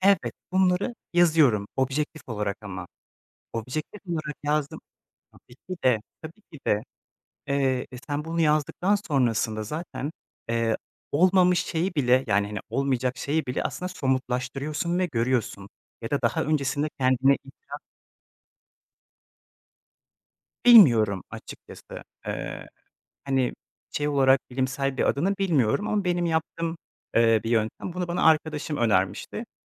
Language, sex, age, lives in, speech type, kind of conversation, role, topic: Turkish, male, 25-29, Poland, conversation, podcast, guest, Stresle başa çıkmak için hangi yöntemleri kullanıyorsun?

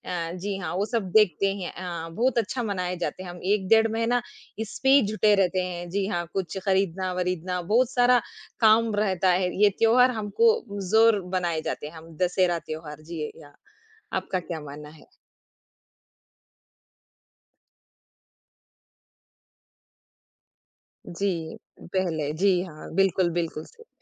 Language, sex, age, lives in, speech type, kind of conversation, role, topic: Hindi, female, 40-44, India, conversation, unstructured, none, आपके शहर में त्योहार कैसे मनाए जाते हैं?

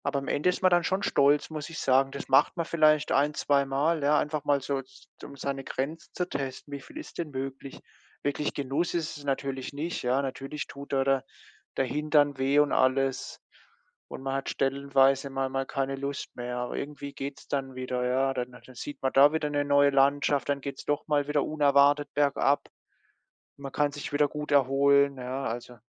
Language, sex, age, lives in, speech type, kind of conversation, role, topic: German, male, 25-29, Germany, conversation, unstructured, none, Wie gehst du mit Menschen um, die Sport für Zeitverschwendung halten?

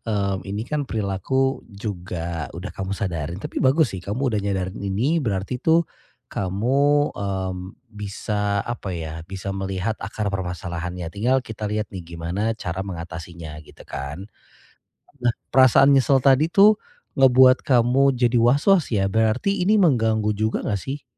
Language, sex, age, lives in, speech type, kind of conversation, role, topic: Indonesian, male, 35-39, Indonesia, conversation, advice, advisor, Bagaimana cara mengatasi godaan belanja berlebihan saat merasa sedih?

